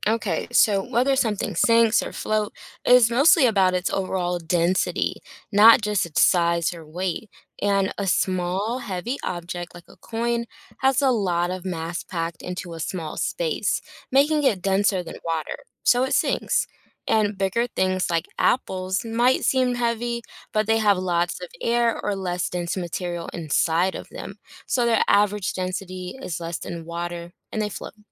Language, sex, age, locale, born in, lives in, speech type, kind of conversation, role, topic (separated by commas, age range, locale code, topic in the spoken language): English, female, 25-29, en-US, United States, United States, read, expert-assistant, expert, What determines whether an object floats or sinks in a liquid?